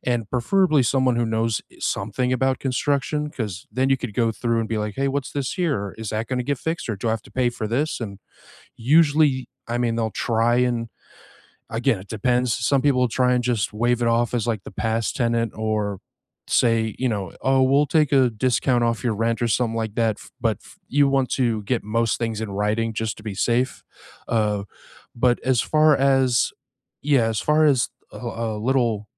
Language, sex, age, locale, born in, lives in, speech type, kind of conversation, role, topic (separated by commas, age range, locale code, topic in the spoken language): English, male, 35-39, en-US, United States, United States, conversation, unstructured, none, What renter-friendly fixes have you used that look custom but remove cleanly?